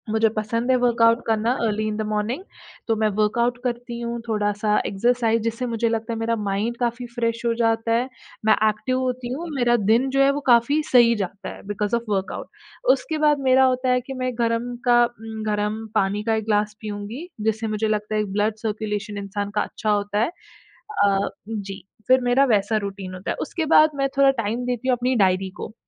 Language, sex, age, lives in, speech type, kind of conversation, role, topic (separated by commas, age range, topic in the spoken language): Hindi, female, 20-24, India, conversation, podcast, guest, Apni creative routine ko simple tareeke se batao — roz tum kya karte ho?